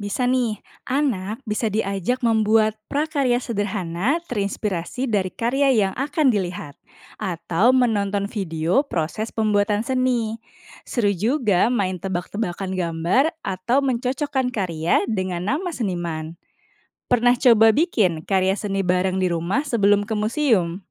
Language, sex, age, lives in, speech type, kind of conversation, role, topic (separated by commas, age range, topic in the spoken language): Indonesian, female, 30-34, Indonesia, read, expert-assistant, expert, Bagaimana membuat kunjungan ke museum seni lebih menarik untuk anak-anak?